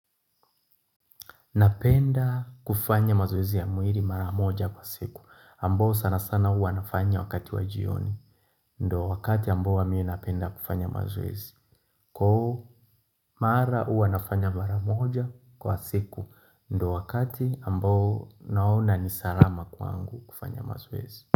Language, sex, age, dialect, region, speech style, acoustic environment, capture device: Swahili, male, 25-34, Tanzania - Dar es Salaam, Tanzania, happy, nature, mobile / Linux / Chrome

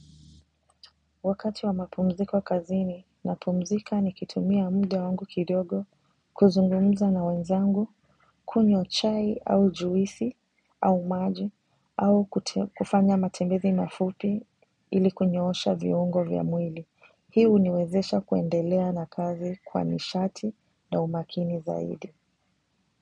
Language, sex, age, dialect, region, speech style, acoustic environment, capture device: Swahili, female, 25-34, Kenya - Mombasa, Kenya, relaxed, silence; nature, mobile / macOS / Safari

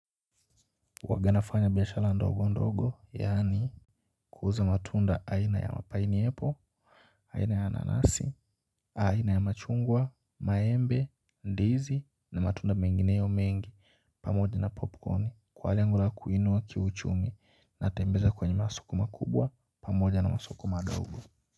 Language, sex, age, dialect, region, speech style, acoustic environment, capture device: Swahili, male, 18-24, Kenya - Nairobi Sheng-influenced, Kenya, focused, nature, mobile / Linux / Chrome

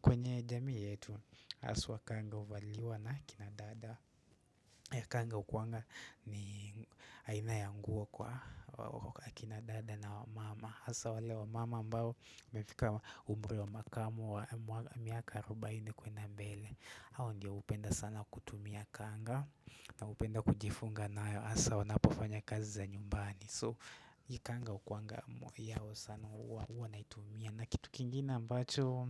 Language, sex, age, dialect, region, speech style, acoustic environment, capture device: Swahili, male, 18-24, Kenya - Nairobi Sheng-influenced, Kenya, relaxed, nature, desktop / Windows / Chrome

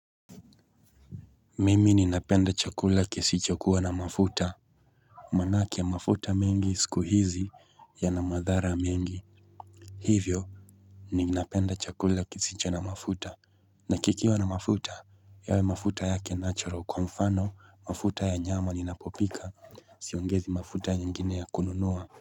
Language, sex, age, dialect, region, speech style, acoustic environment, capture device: Swahili, male, 25-34, Kenya - Nairobi Sheng-influenced, Kenya, relaxed, nature, mobile / Linux / Chrome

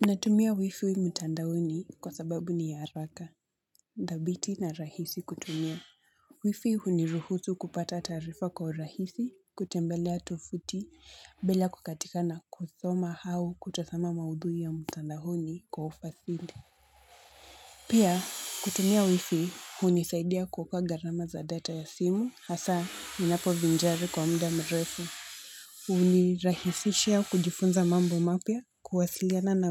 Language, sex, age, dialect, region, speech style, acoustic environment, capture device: Swahili, female, 18-24, Kenya - Mombasa, Kenya, anxious, construction, mobile / Linux / Chrome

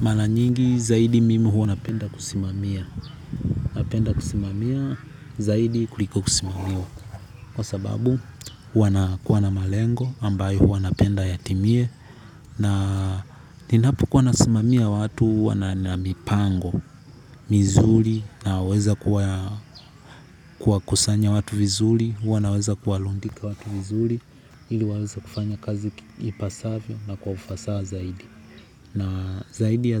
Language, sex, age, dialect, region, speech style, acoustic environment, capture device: Swahili, male, 18-24, Tanzania - Dar es Salaam, Tanzania, happy; relaxed; focused; excited, nature, mobile / Linux / Chrome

